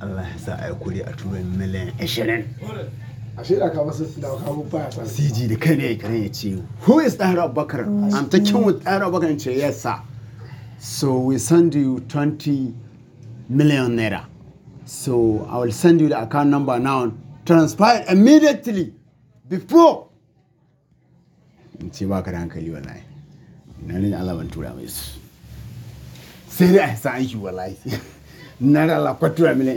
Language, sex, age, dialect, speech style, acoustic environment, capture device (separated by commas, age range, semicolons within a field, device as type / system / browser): Hausa, male, 25-34, Nigeria - Katsina, excited, nature; people talking, mobile / Linux / Chrome